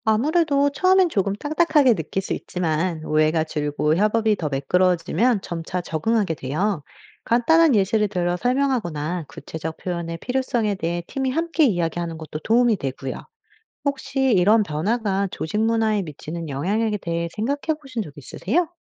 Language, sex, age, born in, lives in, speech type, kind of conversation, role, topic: Korean, female, 35-39, United States, United States, read, expert-assistant, expert, 조직에서 명확한 소통이 왜 중요한가요?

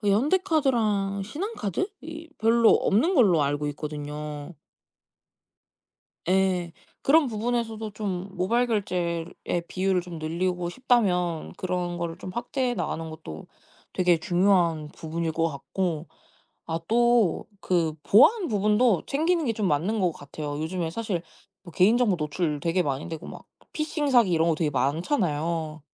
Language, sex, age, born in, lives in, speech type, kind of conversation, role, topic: Korean, female, 20-24, South Korea, Japan, conversation, podcast, guest, 모바일 결제를 주로 사용하는 이유와 불편한 점은 무엇인가요?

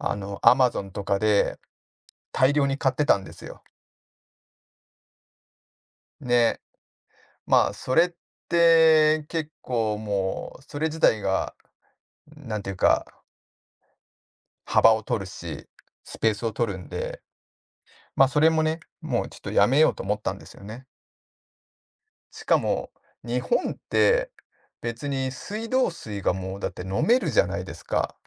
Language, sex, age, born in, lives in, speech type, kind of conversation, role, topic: Japanese, male, 40-44, Japan, Japan, conversation, podcast, guest, あなたの人生を豊かにしてくれた小さな習慣は何ですか？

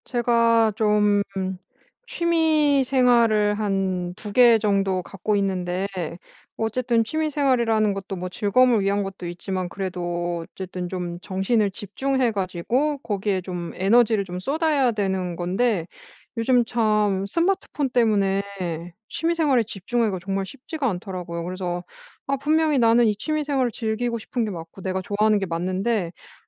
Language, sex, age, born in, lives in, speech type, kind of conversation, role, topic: Korean, female, 35-39, South Korea, France, conversation, advice, user, 공부나 일할 때 어떻게 하면 오랜 시간 꾸준히 집중할 수 있을까요?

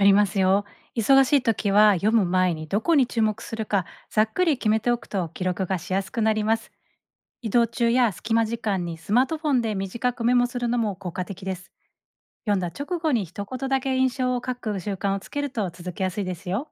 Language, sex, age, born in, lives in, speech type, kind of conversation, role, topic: Japanese, female, 40-44, Japan, Japan, read, expert-assistant, expert, 本を読むたびに自分なりの感想や批評をまとめていくには、どんな方法や工夫が続けやすいでしょうか？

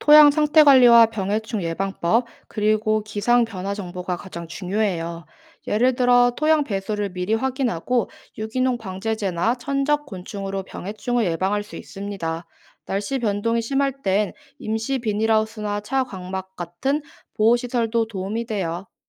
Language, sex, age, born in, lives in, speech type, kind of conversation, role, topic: Korean, female, 25-29, South Korea, South Korea, read, expert-assistant, expert, 작물 재배를 시작할 때 예상치 못한 문제에 대비하는 좋은 방법이 있을까요?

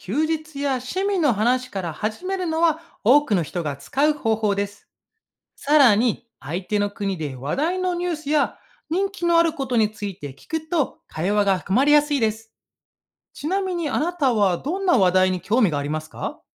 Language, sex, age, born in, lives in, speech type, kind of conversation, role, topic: Japanese, male, 35-39, Japan, Japan, read, expert-assistant, expert, 言葉の違いや特徴を知ることで、人とのコミュニケーションをもっと楽しむにはどうしたらいいですか？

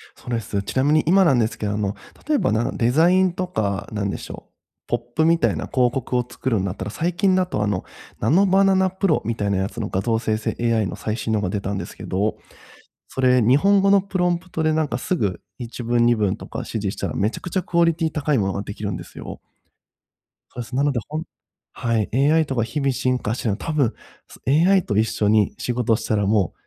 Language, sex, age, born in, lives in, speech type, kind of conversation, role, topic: Japanese, male, 30-34, Japan, Japan, conversation, advice, advisor, 時間を作るために、どんな業務を外注すればよいですか？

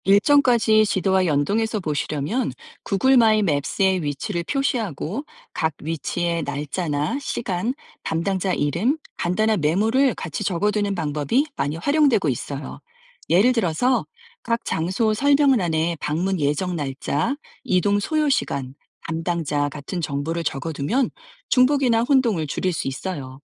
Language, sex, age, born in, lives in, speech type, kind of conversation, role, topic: Korean, female, 50-54, South Korea, United States, read, expert-assistant, expert, 유럽 여행에서 나라별 자연지형과 일정을 효율적으로 정리하려면 어떻게 해야 하나요?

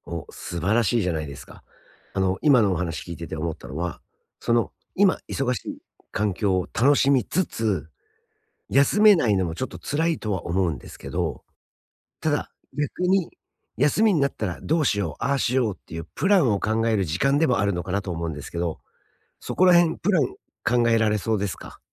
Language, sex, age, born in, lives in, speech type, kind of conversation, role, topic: Japanese, male, 45-49, Japan, United States, conversation, advice, advisor, 忙しい日常の中で、短期休暇をうまく取って楽しむにはどうすればいいですか？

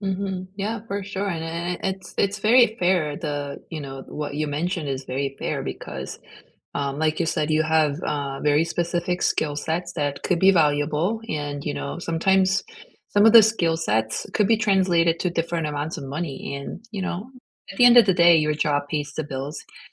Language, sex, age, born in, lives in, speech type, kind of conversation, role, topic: English, female, 40-44, South Korea, United States, conversation, unstructured, none, How are you adapting and supporting each other’s career growth in a changing economy?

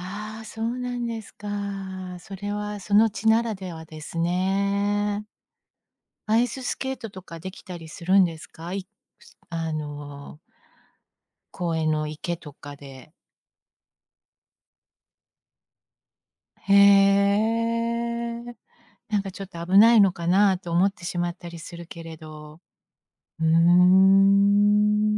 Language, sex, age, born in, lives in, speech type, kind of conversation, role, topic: Japanese, female, 55-59, Japan, United States, conversation, podcast, host, 日本の季節感についてどう思いますか？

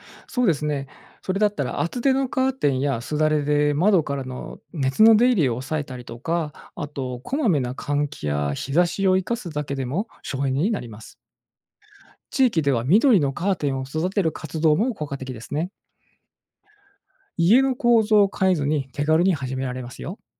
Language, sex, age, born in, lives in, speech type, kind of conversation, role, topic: Japanese, male, 45-49, Japan, Japan, read, expert-assistant, expert, 地球温暖化対策として、伝統的な知恵と最新テクノロジーをどう組み合わせて活用できるでしょうか？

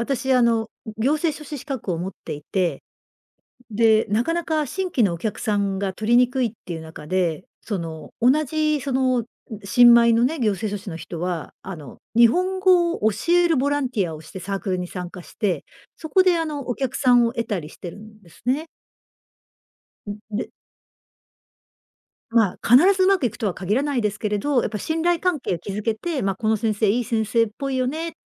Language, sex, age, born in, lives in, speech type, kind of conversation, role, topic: Japanese, female, 55-59, Japan, Japan, conversation, podcast, guest, 趣味仲間はどうやって見つけましたか？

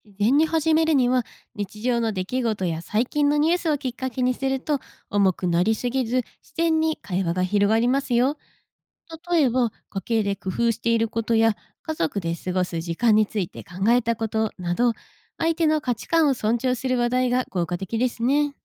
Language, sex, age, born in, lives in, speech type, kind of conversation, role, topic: Japanese, female, 25-29, Japan, Japan, read, expert-assistant, expert, 友達と「神様っているの？」みたいな話をするとき、どんな考え方や順番で話すと盛り上がると思いますか？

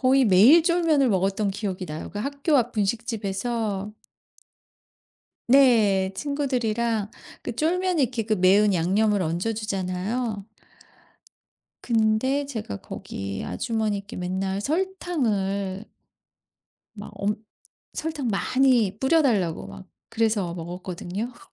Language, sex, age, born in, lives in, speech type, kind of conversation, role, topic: Korean, female, 50-54, South Korea, United States, conversation, podcast, guest, 어릴 적 가장 그리운 음식이 무엇인가요?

